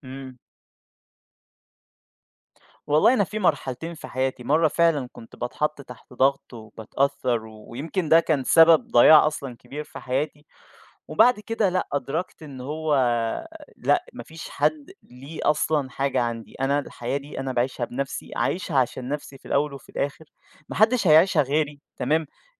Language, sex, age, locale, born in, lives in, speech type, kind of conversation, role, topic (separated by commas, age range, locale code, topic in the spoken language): Arabic, male, 20-24, ar-EG, Egypt, Egypt, conversation, podcast, guest, إيه نصيحتك لحد حاسس إنه تايه؟